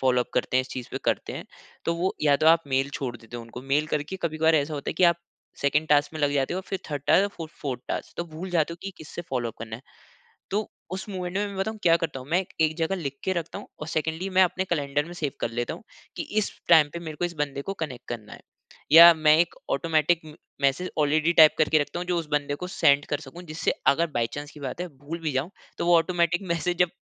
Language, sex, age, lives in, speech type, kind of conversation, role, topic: Hindi, male, 25-29, India, conversation, podcast, guest, Logon ko yaad rakhne aur unse baad mein baat karke follow-up karne ka tumhara tareeka kya hai?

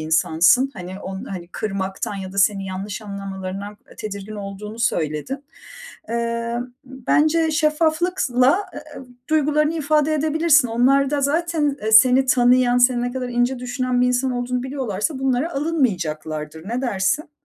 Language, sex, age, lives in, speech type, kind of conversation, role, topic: Turkish, female, 35-39, Netherlands, conversation, advice, advisor, Arkadaşımın randevuları sürekli iptal etmesi beni hayal kırıklığına uğratıyorsa ne yapmalıyım?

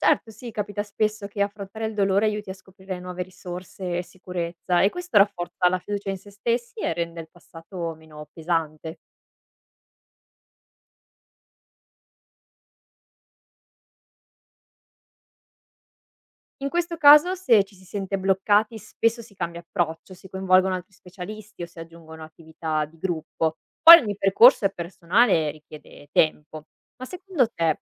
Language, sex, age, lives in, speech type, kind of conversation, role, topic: Italian, female, 25-29, Italy, read, expert-assistant, expert, Se avessi la possibilità di cancellare un ricordo, lo faresti? Perché sì o perché no?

